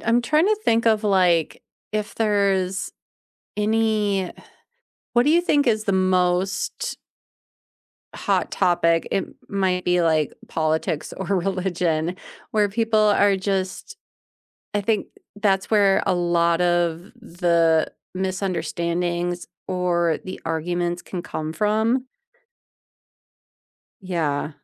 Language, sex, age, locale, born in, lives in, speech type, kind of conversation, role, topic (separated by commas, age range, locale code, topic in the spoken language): English, female, 40-44, en-US, United States, United States, conversation, unstructured, none, Should I be punished for beliefs that hurt others?